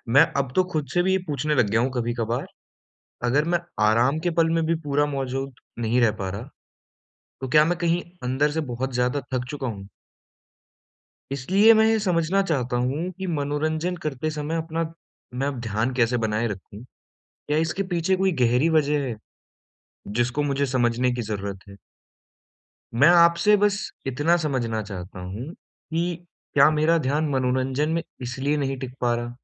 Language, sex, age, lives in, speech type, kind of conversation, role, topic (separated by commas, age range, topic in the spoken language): Hindi, male, 20-24, India, conversation, advice, user, मनोरंजन करते समय मैं अपना ध्यान कैसे बनाए रखूँ?